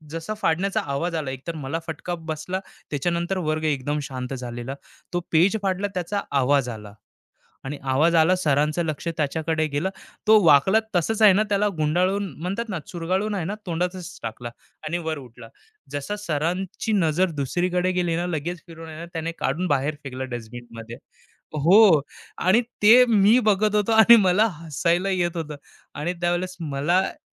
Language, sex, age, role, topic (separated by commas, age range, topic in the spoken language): Marathi, male, 25-29, guest, तुमचा शिकण्याचा प्रवास कसा सुरू झाला?